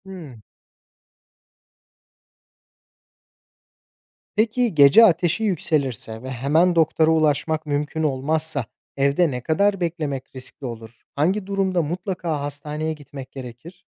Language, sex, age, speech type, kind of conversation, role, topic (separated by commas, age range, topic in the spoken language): Turkish, male, 25-29, read, expert-assistant, asker, Evde çocuklara acil müdahalede nelere dikkat etmeli miyiz?